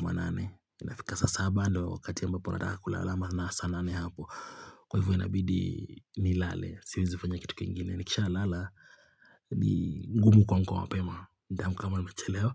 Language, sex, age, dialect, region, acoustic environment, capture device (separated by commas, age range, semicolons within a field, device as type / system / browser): Swahili, male, 25-34, Kenya - Nairobi Sheng-influenced, Kenya, silence, mobile / Linux / Chrome